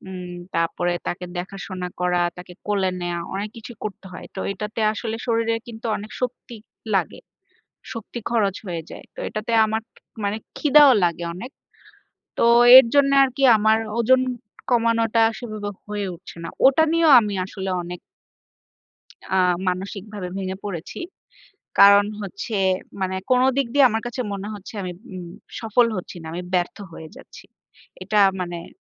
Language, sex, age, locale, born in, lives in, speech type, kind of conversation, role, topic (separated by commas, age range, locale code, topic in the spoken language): Bengali, female, 30-34, bn-BD, Bangladesh, Bangladesh, conversation, advice, user, একটি স্বাস্থ্যসংকট কীভাবে আপনাকে জীবনের প্রাধান্য ও অর্থ নতুন করে ভাবতে বাধ্য করেছে?